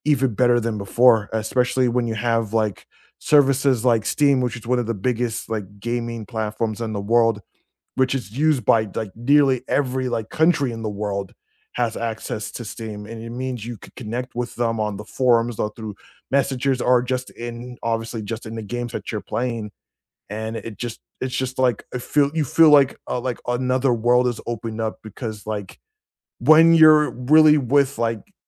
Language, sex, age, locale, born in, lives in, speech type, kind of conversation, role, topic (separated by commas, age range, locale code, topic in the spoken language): English, male, 35-39, en-US, United States, United States, conversation, unstructured, none, How do hobbies help you connect with other people?